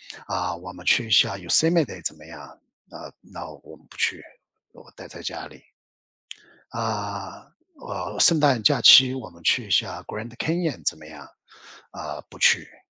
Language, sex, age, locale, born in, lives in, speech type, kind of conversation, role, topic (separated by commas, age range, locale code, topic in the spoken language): Chinese, male, 55-59, zh-CN, China, United States, conversation, advice, user, 即将退休后，我该如何充实自己的时间？